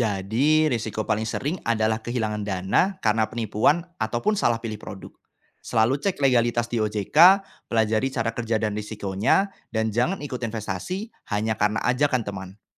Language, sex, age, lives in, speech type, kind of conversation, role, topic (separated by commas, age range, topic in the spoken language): Indonesian, male, 25-29, Indonesia, read, expert-assistant, expert, Apa saja kesalahpahaman umum tentang perencanaan keuangan pribadi, dan bagaimana cara membedakan antara mitos dan fakta dalam mengelola keuangan sehari-hari?